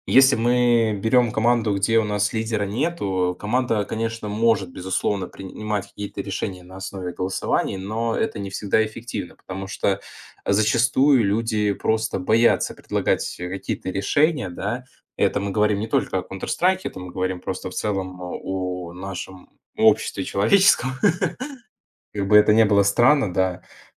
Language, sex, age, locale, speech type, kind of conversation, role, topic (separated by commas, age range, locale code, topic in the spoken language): Russian, male, 20-24, ru-RU, conversation, podcast, guest, Как выстроить доверие в команде?